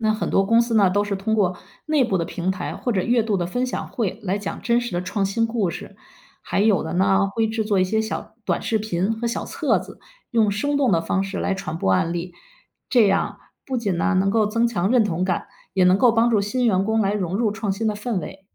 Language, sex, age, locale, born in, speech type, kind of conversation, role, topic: Chinese, female, 50-54, zh-CN, China, read, expert-assistant, expert, 想知道那些成功的企业是怎么想出新点子的，平时大家都喜欢看哪些故事或访谈？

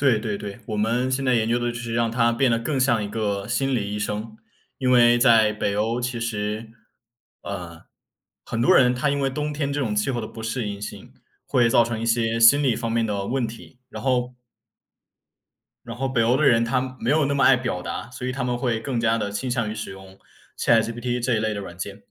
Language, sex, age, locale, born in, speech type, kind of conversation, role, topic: Chinese, male, 20-24, zh-CN, China, conversation, unstructured, none, 你最近有没有遇到什么让你特别开心或难忘的事情？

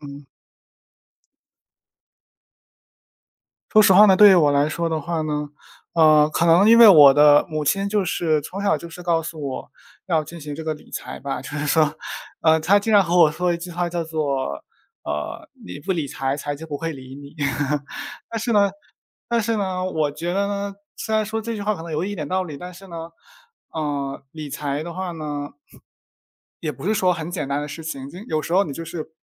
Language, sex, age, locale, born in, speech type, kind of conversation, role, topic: Chinese, male, 20-24, zh-CN, China, conversation, unstructured, none, 你觉得理财和消费应该如何平衡？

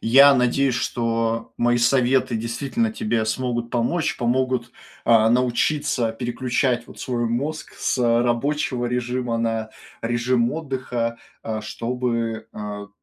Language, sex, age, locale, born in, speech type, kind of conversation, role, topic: Russian, male, 25-29, ru-RU, Russia, conversation, advice, advisor, Почему мне трудно расслабиться дома и полноценно отдохнуть, даже когда у меня есть свободное время?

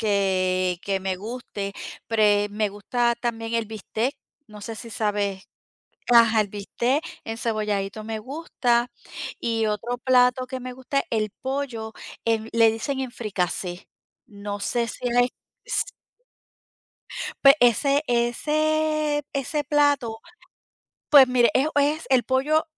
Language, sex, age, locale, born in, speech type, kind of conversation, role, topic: Spanish, female, 50-54, es-DO, Puerto Rico, conversation, unstructured, none, ¿Qué comida te hace sentir más feliz al comerla?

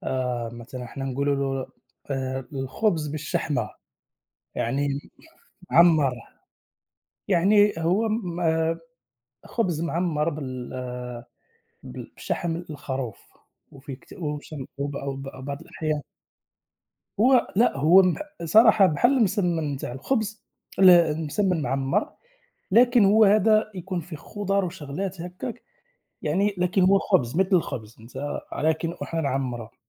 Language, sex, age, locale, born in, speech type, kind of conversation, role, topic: Arabic, male, 30-34, ar-MA, Morocco, conversation, unstructured, none, إيه أحلى ذكرياتك مع الأكلات اللي عيلتك بتعملها؟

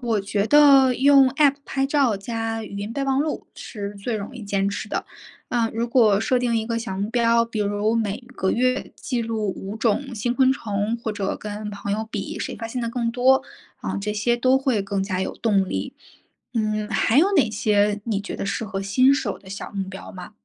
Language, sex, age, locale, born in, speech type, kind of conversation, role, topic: Chinese, female, 30-34, zh-CN, China, read, expert-assistant, asker, 想更好地发现身边的动植物，有哪些有趣又实用的小技巧可以尝试？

